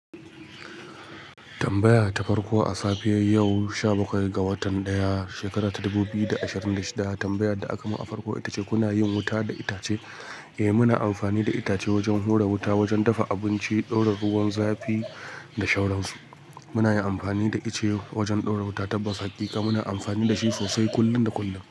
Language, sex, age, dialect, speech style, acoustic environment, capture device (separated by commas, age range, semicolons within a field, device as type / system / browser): Hausa, male, 25-34, Nigeria - Kano, excited, nature, mobile / Linux / Chrome